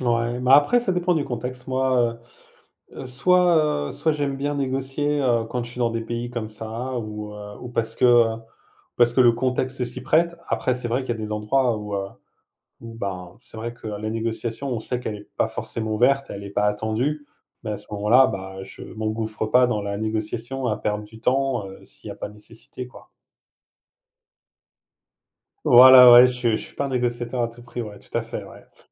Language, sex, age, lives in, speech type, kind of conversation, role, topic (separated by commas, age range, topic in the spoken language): French, male, 45-49, France, conversation, unstructured, none, Est-il acceptable de négocier les prix partout où l’on va ?